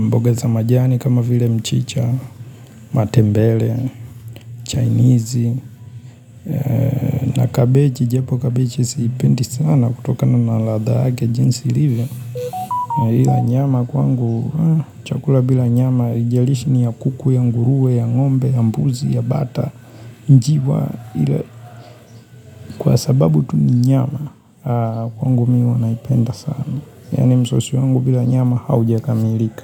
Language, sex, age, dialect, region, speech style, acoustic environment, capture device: Swahili, female, 25-34, Tanzania - Dar es Salaam, Tanzania, excited; focused; happy; relaxed, silence; nature, mobile / Linux / Chrome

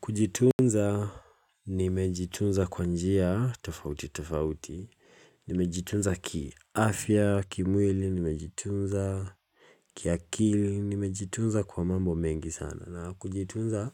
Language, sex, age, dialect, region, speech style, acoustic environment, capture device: Swahili, male, 18-24, Kenya - Mombasa, Kenya, relaxed, silence, mobile / Linux / Chrome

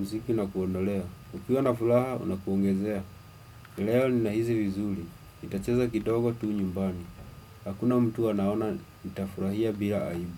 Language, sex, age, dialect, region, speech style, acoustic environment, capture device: Swahili, male, 25-34, Kenya - Nairobi Sheng-influenced, Kenya, happy, silence, desktop / Windows / Chrome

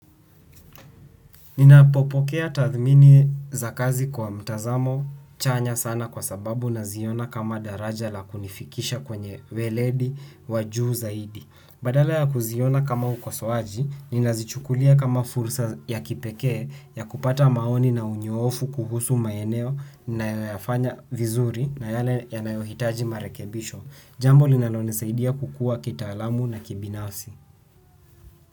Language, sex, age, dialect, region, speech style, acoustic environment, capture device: Swahili, male, 18-24, Kenya - Nairobi Sheng-influenced, Kenya, anxious, silence, desktop / Windows / Chrome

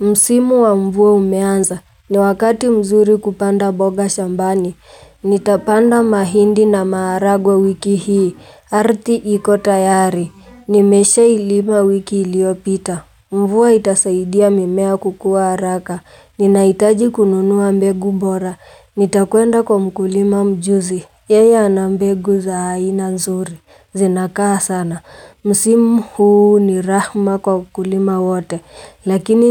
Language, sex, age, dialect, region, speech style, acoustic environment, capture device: Swahili, female, 18-24, Kenya - Nairobi Sheng-influenced, Kenya, relaxed, nature, mobile / Linux / Chrome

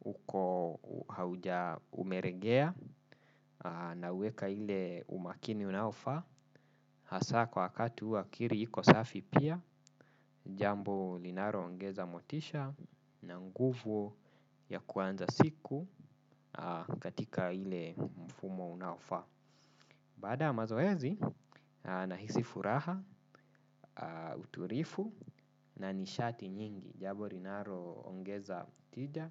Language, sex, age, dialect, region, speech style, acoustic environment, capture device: Swahili, male, 25-34, Kenya - Nairobi Sheng-influenced, Kenya, focused, nature, desktop / Windows / Chrome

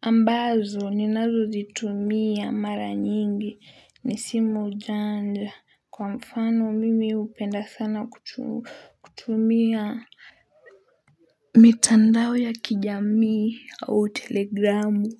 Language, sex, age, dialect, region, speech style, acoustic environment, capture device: Swahili, female, 18-24, Kenya - Mombasa, Kenya, happy, silence, mobile / Linux / Chrome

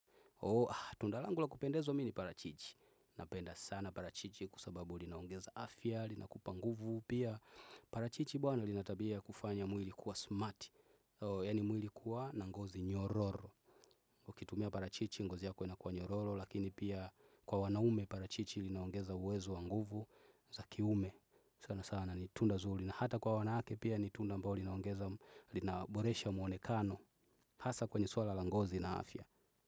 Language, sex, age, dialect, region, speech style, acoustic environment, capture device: Swahili, male, 25-34, Tanzania - Dar es Salaam, Tanzania, focused, nature, desktop / Windows / Chrome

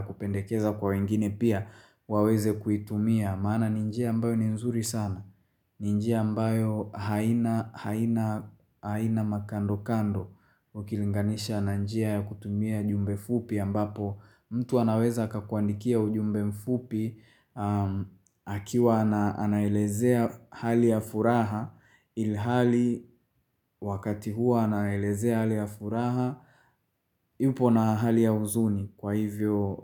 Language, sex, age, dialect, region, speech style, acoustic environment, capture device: Swahili, male, 25-34, Tanzania - Dar es Salaam, Tanzania, happy; nervous; excited; focused, music, mobile / Linux / Chrome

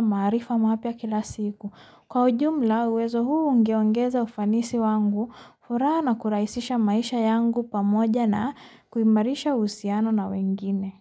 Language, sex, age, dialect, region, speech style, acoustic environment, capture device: Swahili, female, 25-34, Kenya - Nairobi Sheng-influenced, Kenya, tired, nature, mobile / Linux / Chrome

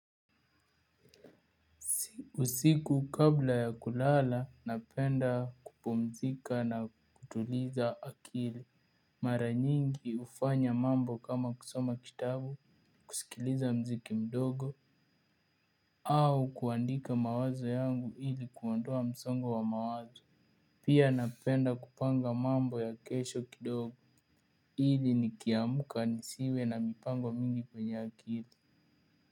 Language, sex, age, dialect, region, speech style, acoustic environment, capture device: Swahili, male, 18-24, Kenya - Nairobi Sheng-influenced, Kenya, focused, appliances; nature, mobile / Linux / Chrome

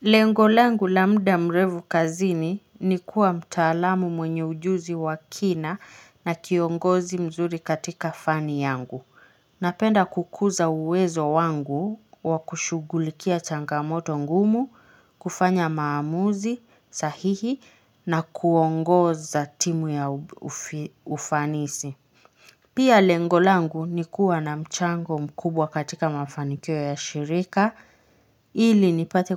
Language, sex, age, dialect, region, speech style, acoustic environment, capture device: Swahili, female, 25-34, Kenya - Nairobi Sheng-influenced, Kenya, happy, nature, mobile / Linux / Chrome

